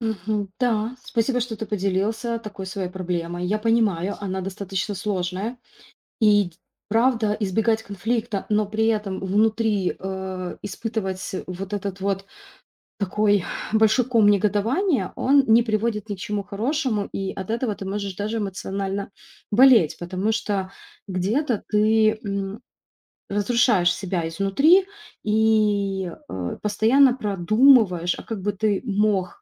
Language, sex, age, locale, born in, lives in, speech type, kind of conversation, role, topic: Russian, female, 35-39, ru-RU, Ukraine, Italy, conversation, advice, advisor, Как перестать избегать конфликтов из страха негативной реакции?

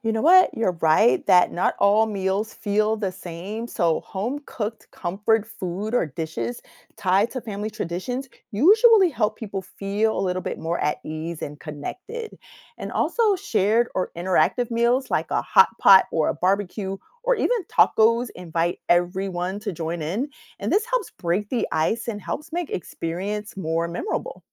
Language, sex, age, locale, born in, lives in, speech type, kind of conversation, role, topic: English, female, 45-49, en-US, United States, United States, read, expert-assistant, expert, How does sharing meals bring people together?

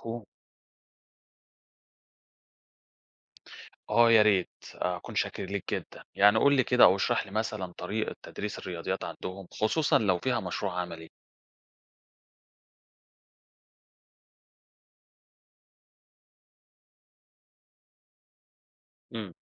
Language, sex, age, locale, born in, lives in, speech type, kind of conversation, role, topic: Arabic, male, 30-34, ar-EG, Egypt, Greece, read, expert-assistant, asker, سمعت إن نظام التعليم الفنلندي هو الأفضل في العالم. هل المعلومات دي صحيحة ولا فيها مبالغة؟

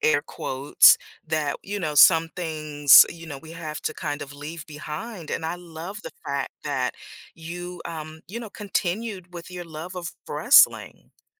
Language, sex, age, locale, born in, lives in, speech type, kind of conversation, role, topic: English, female, 50-54, en-US, United States, United States, conversation, podcast, host, What is your favorite way to express creativity?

